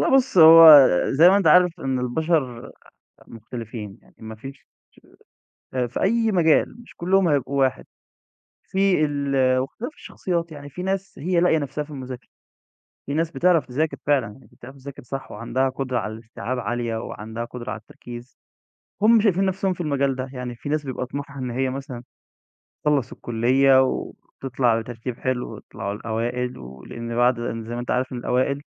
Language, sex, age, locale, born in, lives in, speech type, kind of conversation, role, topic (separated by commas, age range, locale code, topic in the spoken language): Arabic, male, 20-24, ar-EG, Egypt, Egypt, conversation, podcast, guest, إزاي بتوازن بين الدراسة وحياتك اليومية؟